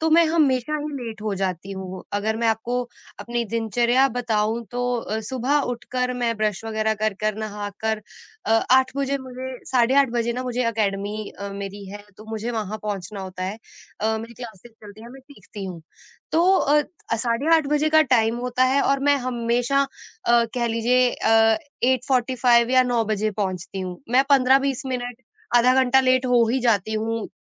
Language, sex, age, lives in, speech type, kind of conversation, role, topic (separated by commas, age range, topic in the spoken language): Hindi, female, 25-29, India, conversation, advice, user, सुबह की नियमित दिनचर्या बनाकर भी मैं समय पर घर से कैसे निकलूँ?